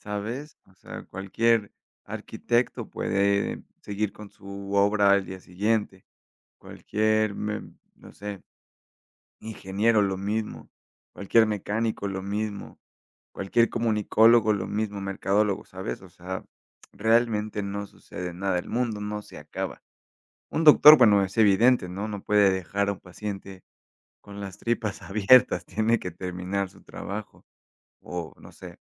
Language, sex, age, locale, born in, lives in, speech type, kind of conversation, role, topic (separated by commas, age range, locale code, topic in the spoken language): Spanish, male, 30-34, es-MX, Mexico, Mexico, conversation, unstructured, none, ¿Crees que las horas extra deberían ser obligatorias?